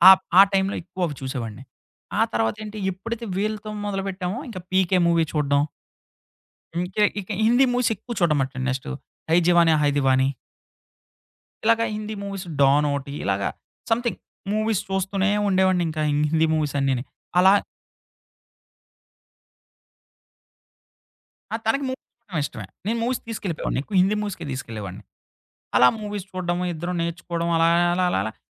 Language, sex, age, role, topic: Telugu, male, 30-34, guest, మీరు అత్తవారింట్లో కొత్త భాషకు ఎలా అలవాటు పడ్డారు?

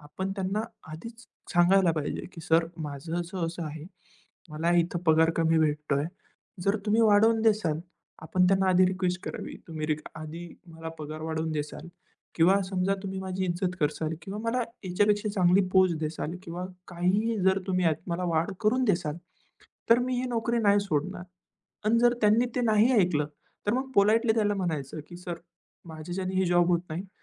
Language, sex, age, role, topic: Marathi, male, 20-24, guest, नोकरी बदलताना कुटुंबाला कसं पटवाल?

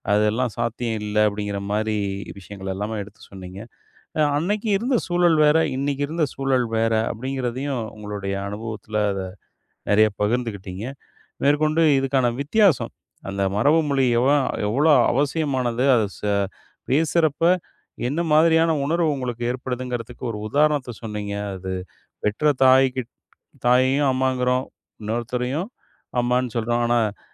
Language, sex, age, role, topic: Tamil, male, 40-44, host, நீங்கள் உங்கள் மரபுத் மொழியை எவ்வளவு அளவுக்கு பாதுகாத்து வந்திருக்கிறீர்கள்?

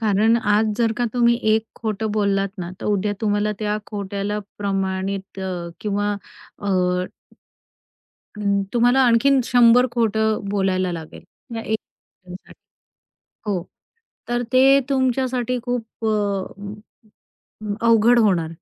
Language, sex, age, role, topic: Marathi, female, 45-49, guest, विश्वास गमावल्यावर तो परत कसा मिळवता येईल?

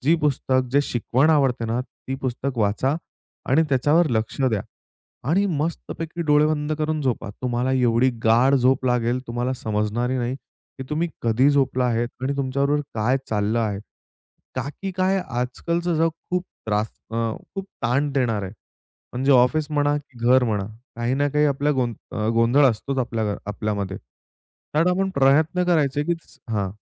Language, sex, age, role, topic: Marathi, male, 25-29, guest, रात्री झोपण्यापूर्वी तुमच्या घरात कोणती परंपरा पाळली जात असे?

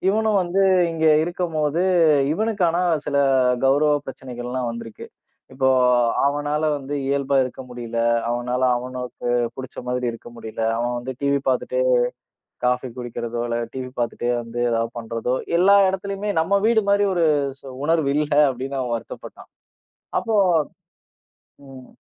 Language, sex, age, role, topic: Tamil, male, 30-34, guest, திருமணம் செய்வதா அல்லது தனியாகவே வாழ்வதா என்று நீங்கள் எப்படித் தீர்மானிப்பீர்கள்?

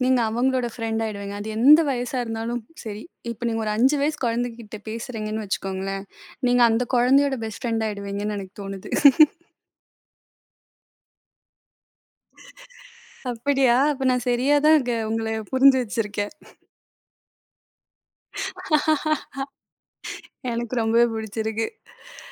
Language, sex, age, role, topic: Tamil, female, 20-24, host, குழந்தையாக இருந்தபோது உங்கள் வீட்டுச் சூழல் எப்படி இருந்தது?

